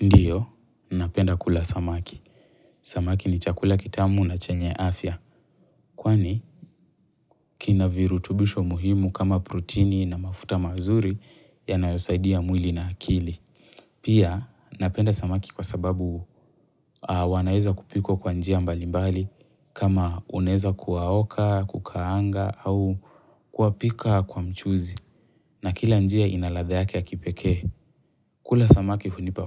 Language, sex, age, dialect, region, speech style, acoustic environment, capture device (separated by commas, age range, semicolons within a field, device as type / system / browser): Swahili, male, 18-24, Kenya - Mombasa, Kenya, relaxed, silence, mobile / Linux / Chrome